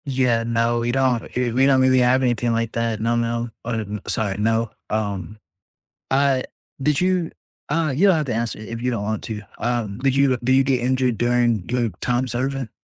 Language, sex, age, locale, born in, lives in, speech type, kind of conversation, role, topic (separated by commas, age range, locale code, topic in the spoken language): English, male, 20-24, en-US, United States, United States, conversation, unstructured, none, What small friendship rituals have you created that keep you close?